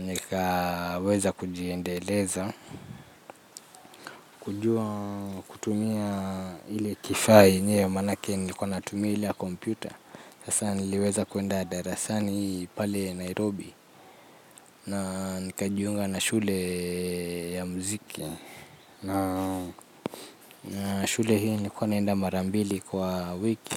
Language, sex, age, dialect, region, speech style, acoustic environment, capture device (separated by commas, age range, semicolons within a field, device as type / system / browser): Swahili, male, 25-34, Kenya - Nairobi Sheng-influenced, Kenya, relaxed; anxious, nature, mobile / Linux / Chrome